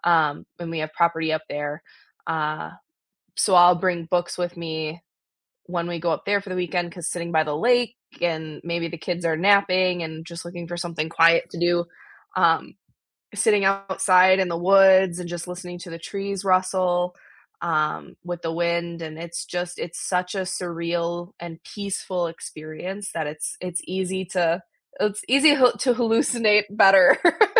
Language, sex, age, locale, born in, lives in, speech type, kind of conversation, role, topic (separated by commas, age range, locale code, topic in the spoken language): English, female, 25-29, en-US, United States, United States, conversation, unstructured, none, These days, do you reach for an e-reader or a physical book, and what experiences shaped that choice?